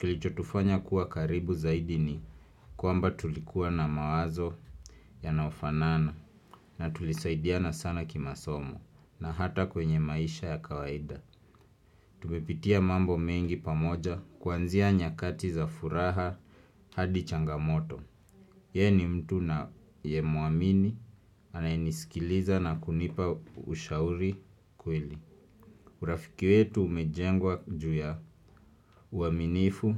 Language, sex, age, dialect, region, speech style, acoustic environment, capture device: Swahili, male, 25-34, Kenya - Nairobi Sheng-influenced, Kenya, relaxed, music, desktop / Windows / Chrome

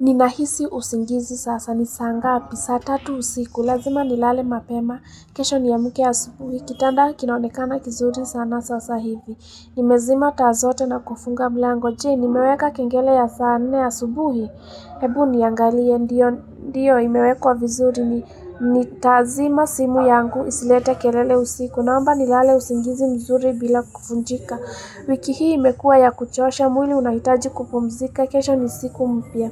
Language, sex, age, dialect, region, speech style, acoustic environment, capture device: Swahili, female, 18-24, Kenya - Nairobi Sheng-influenced, Kenya, happy; excited, people talking; traffic, mobile / Linux / Chrome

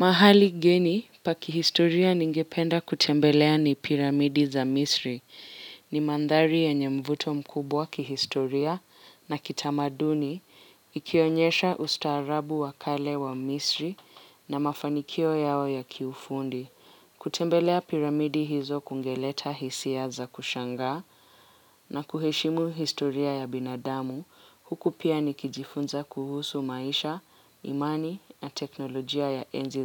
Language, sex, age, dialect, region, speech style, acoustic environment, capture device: Swahili, female, 18-24, Kenya - Mombasa, Kenya, focused, silence, mobile / Linux / Chrome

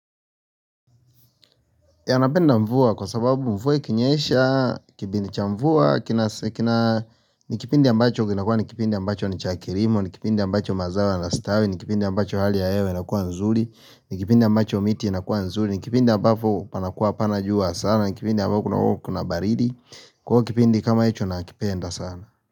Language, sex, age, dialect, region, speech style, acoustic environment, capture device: Swahili, male, 25-34, Kenya - Nairobi Sheng-influenced, Kenya, relaxed, silence, mobile / Linux / Chrome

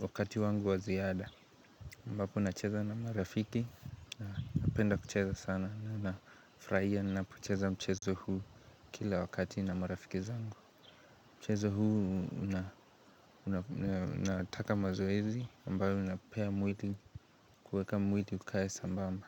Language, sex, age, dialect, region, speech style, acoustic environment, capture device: Swahili, male, 18-24, Kenya - Nairobi Sheng-influenced, Kenya, relaxed, nature, mobile / Linux / Chrome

